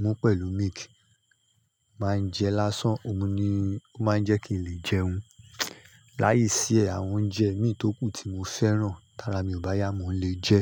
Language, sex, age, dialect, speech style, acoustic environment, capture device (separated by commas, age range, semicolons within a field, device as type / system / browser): Yoruba, male, 35-44, Nigeria - Nigerian Standard, happy; excited; nervous; relaxed, people talking; nature; construction, mobile / Linux / Chrome